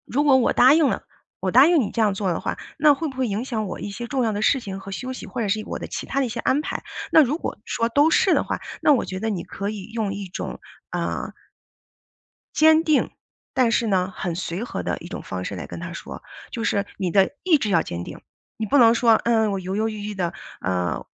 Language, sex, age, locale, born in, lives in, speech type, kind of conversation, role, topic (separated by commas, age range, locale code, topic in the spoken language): Chinese, female, 40-44, zh-CN, China, United States, conversation, advice, advisor, 你在拒绝他人时为什么会感到内疚和压力？